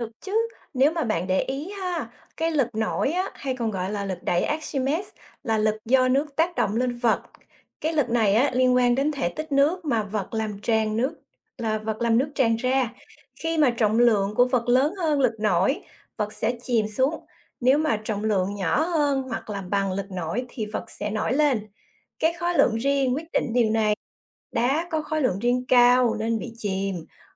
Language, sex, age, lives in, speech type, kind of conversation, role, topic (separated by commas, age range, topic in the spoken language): Vietnamese, female, 45-49, United States, read, expert-assistant, expert, Tại sao khi thả đá vào nước, đá lại chìm còn gỗ thì nổi?